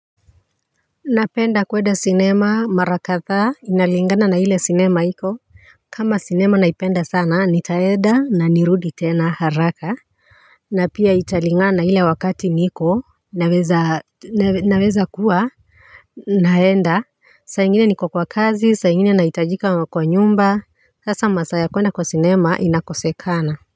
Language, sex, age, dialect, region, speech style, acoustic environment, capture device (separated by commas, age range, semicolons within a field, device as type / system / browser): Swahili, female, 35-44, Kenya - Nairobi Sheng-influenced, Kenya, tired, silence, mobile / Linux / Chrome